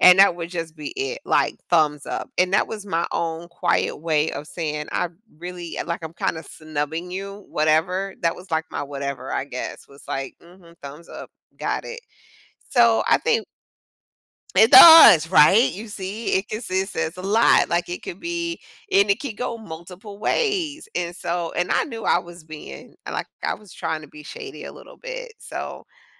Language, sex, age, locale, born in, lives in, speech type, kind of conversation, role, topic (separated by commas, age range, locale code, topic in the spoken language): English, female, 45-49, en-US, United States, United States, conversation, podcast, guest, How has the rise of social media influenced the way we connect and interact with others?